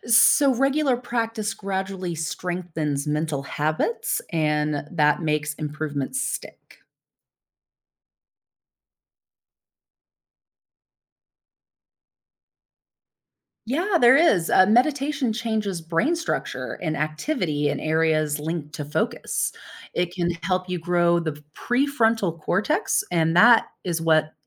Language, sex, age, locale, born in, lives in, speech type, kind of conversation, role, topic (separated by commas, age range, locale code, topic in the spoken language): English, female, 35-39, en-US, United States, United States, read, expert-assistant, expert, How can meditation improve focus and concentration?